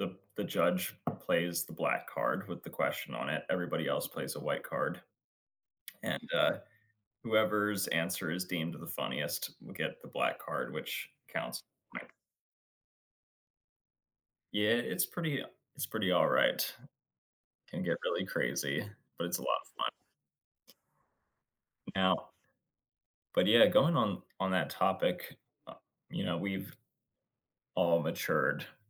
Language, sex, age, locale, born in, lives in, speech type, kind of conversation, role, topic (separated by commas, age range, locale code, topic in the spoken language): English, male, 30-34, en-US, United States, United States, conversation, unstructured, none, How do the people in your life shape your sense of family?